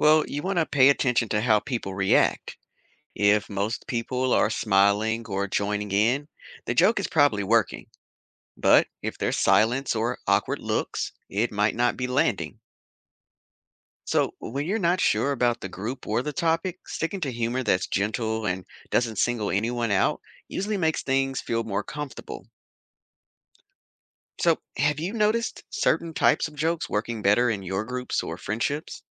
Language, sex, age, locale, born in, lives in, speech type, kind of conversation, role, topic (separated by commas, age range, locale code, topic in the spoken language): English, male, 35-39, en-US, United States, United States, read, expert-assistant, expert, How does laughter help people bond?